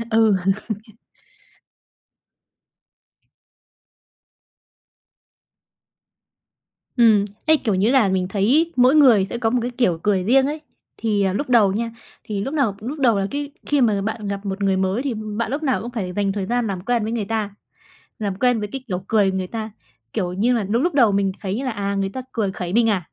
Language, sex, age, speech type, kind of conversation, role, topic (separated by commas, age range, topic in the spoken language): Vietnamese, female, 20-24, conversation, podcast, guest, Làm thế nào để nhận biết cảm xúc của người khác qua nét mặt?